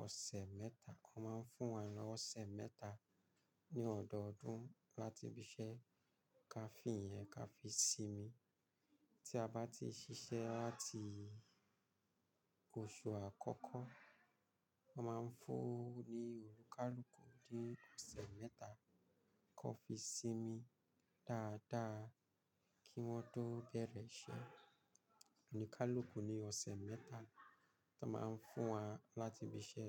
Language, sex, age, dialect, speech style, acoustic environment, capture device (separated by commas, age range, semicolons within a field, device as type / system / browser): Yoruba, male, 35-44, Nigeria - Lagos Yoruba, focused, silence, mobile / Linux / Chrome